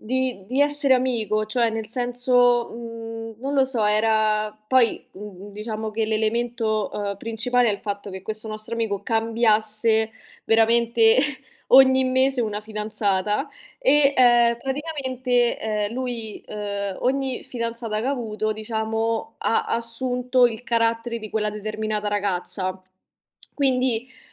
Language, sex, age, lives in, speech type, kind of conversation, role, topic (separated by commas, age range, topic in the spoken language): Italian, female, 25-29, Italy, conversation, podcast, guest, Come affronti di solito i litigi con gli amici?